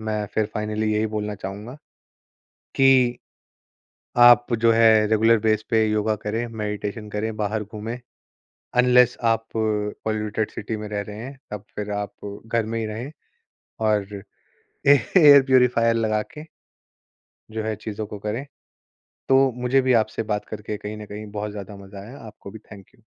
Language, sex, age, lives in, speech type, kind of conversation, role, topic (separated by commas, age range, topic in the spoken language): Hindi, male, 25-29, India, conversation, podcast, guest, Office ya school ka stress handle karne ke liye aap kaunse tareeke apnate hain?